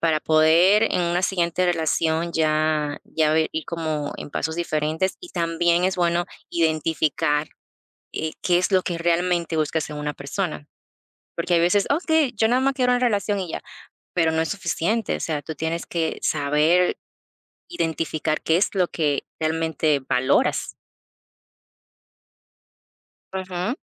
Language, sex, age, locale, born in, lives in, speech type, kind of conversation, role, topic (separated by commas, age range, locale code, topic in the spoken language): Spanish, female, 30-34, es-DO, Dominican Republic, United States, conversation, unstructured, none, ¿Qué es lo que más valoras en una pareja?